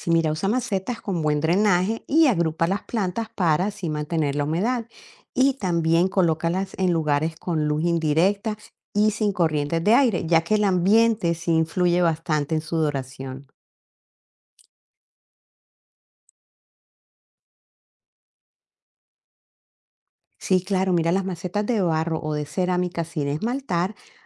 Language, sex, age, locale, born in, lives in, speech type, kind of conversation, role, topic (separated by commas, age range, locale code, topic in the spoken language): Spanish, female, 55-59, es-CO, Colombia, United States, read, expert-assistant, expert, ¿Qué debo saber para elegir entre plantas naturales y artificiales para decorar mi casa?